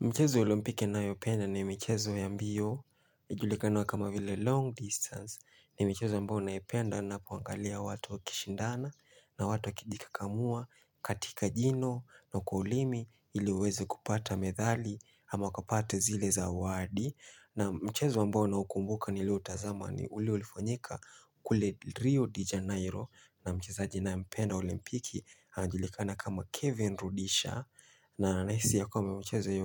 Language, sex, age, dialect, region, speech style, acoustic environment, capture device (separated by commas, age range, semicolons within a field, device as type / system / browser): Swahili, male, 25-34, Kenya - Mombasa, Kenya, happy; relaxed; focused, nature, mobile / Linux / Chrome